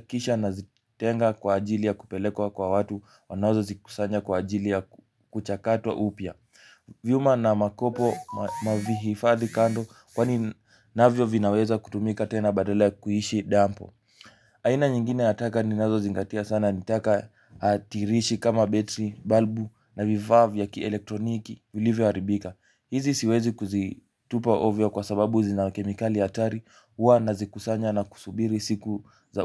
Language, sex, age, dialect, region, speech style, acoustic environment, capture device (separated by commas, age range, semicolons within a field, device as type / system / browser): Swahili, male, 18-24, Kenya - Mombasa, Kenya, focused, music, mobile / Linux / Chrome